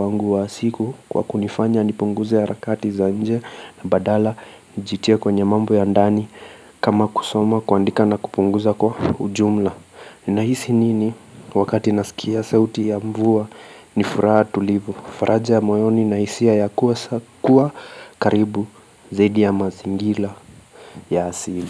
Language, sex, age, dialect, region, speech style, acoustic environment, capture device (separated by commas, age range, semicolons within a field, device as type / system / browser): Swahili, male, 25-34, Kenya - Mombasa, Kenya, focused, silence, mobile / Linux / Chrome